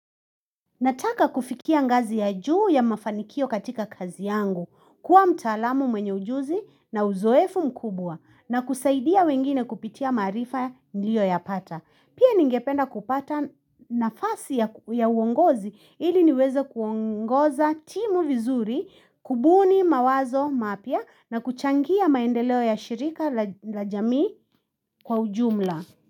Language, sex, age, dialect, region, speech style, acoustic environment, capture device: Swahili, female, 25-34, Kenya - Nairobi Sheng-influenced, Kenya, anxious; excited; nervous, people talking, mobile / Linux / Chrome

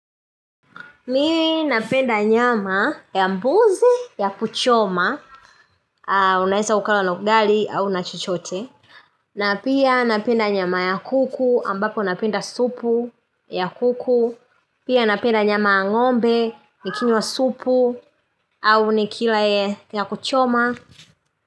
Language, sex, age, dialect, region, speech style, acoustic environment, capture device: Swahili, female, 25-34, Tanzania - Dar es Salaam, Tanzania, happy, nature; silence, mobile / Linux / Chrome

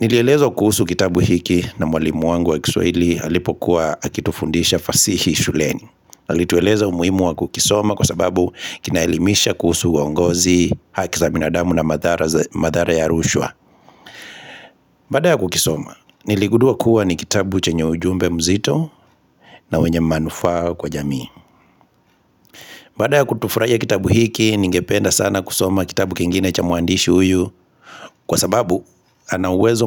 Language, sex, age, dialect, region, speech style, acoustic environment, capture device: Swahili, male, 25-34, Kenya - Kitui, Kenya, relaxed, silence, mobile / Linux / Chrome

